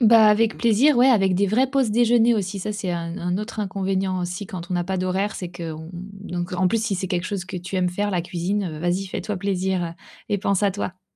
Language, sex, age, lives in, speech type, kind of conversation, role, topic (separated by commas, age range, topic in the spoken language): French, female, 30-34, France, conversation, advice, advisor, Comment puis-je mieux équilibrer mon travail et mes soins personnels ?